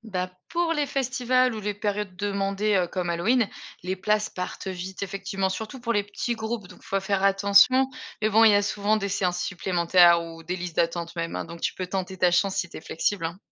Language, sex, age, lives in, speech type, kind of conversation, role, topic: French, female, 35-39, Germany, read, expert-assistant, expert, Où peut-on aller à Paris pour voir des spectacles innovants et découvrir de nouveaux talents dans les arts du spectacle ?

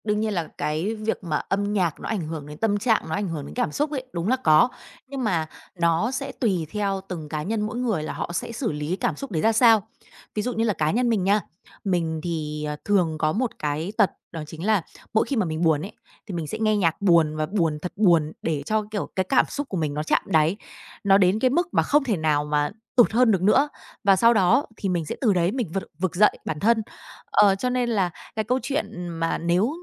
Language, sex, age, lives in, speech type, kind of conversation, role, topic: Vietnamese, female, 30-34, Vietnam, conversation, podcast, guest, Bạn sẽ mô tả gu nhạc của mình như thế nào?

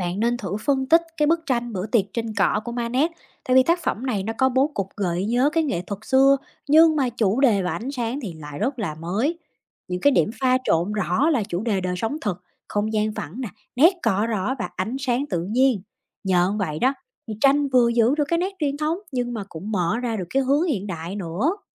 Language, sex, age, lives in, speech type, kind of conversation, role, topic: Vietnamese, female, 30-34, United States, read, expert-assistant, expert, Làm thế nào để nhận biết các giai đoạn nghệ thuật qua phân tích tác phẩm?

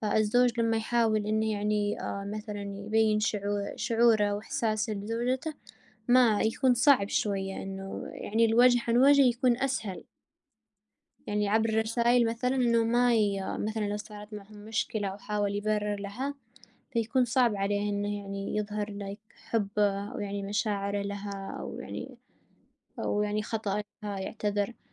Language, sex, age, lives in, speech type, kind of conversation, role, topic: Arabic, female, 20-24, United States, conversation, unstructured, none, إزاي التكنولوجيا بتأثر على عاداتنا اليومية؟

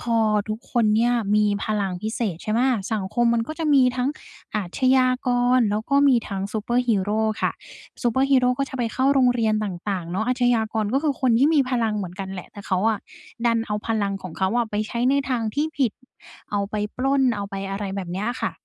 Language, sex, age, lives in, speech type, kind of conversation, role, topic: Thai, female, 20-24, Thailand, conversation, podcast, guest, หนังหรือซีรีส์เรื่องไหนที่เคยช่วยให้คุณหนีจากความจริงได้มากที่สุด และเพราะอะไร?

